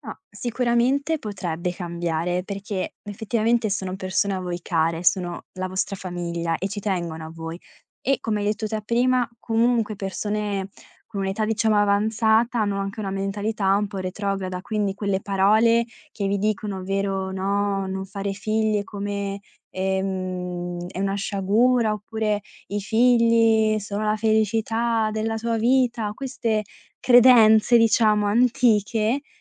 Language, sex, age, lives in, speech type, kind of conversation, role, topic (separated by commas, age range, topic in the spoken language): Italian, female, 20-24, Italy, conversation, advice, advisor, Come ti senti a essere l’unico senza figli alle riunioni di famiglia?